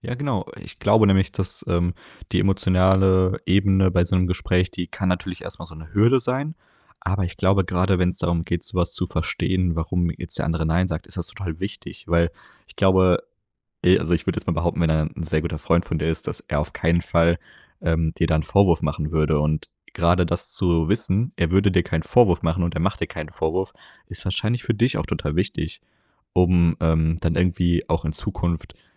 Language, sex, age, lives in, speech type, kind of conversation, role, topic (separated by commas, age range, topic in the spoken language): German, male, 20-24, Germany, conversation, advice, advisor, Wie kann ich im Job klar Nein sagen, ohne mich danach schuldig zu fühlen?